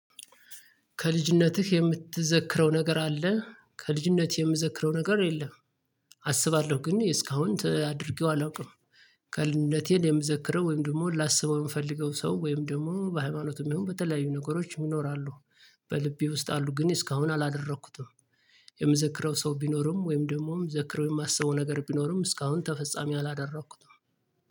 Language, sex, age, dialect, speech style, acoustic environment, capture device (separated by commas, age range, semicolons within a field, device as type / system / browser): Amharic, male, 35-44, Ethiopia - Gojjam, happy, traffic, mobile / Linux / Chrome